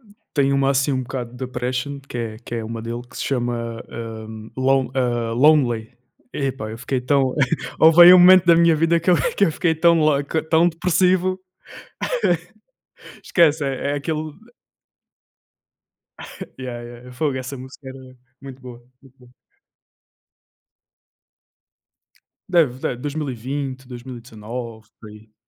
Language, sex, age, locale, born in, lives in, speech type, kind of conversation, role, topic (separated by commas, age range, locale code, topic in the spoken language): Portuguese, male, 20-24, pt-PT, Portugal, Portugal, conversation, unstructured, none, Como a música influencia o seu dia a dia?